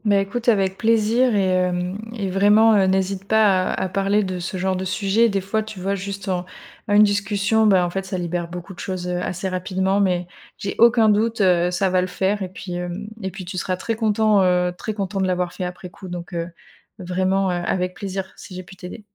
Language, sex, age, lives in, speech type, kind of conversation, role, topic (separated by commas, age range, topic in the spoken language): French, female, 30-34, France, conversation, advice, advisor, Pourquoi voulez-vous reprendre contact après une longue rupture d’amitié ?